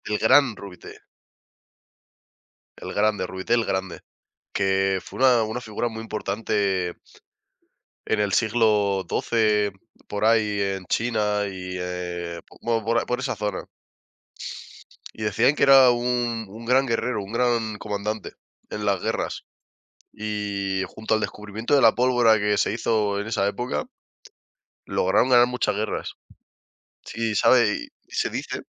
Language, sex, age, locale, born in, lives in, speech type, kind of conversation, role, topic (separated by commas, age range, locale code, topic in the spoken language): Spanish, male, 20-24, es-ES, Spain, Spain, conversation, unstructured, none, ¿Cómo integras la meditación en tu día a día?